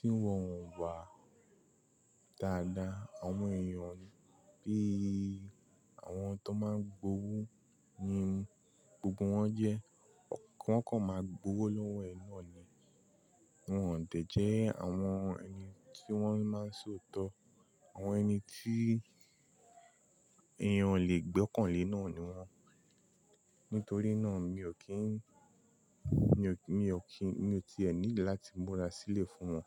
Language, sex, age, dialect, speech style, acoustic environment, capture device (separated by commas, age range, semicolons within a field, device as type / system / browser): Yoruba, male, 25-34, Nigeria - Nigerian Standard, relaxed, nature, mobile / Linux / Chrome